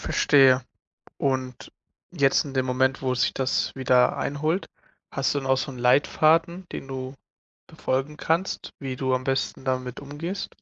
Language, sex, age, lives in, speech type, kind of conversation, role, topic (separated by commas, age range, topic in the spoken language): German, male, 20-24, Germany, conversation, podcast, host, Wie gehst du trotz Mentoring mit dem Hochstapler-Syndrom um?